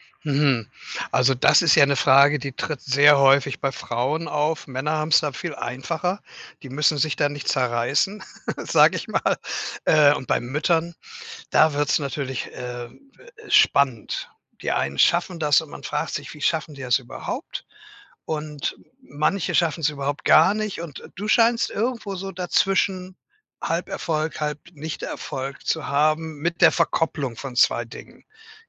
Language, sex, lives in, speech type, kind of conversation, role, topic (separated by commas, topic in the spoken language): German, male, Germany, conversation, advice, advisor, Wie erlebst du den Konflikt zwischen deinen Karrierezielen und deinem Privatleben?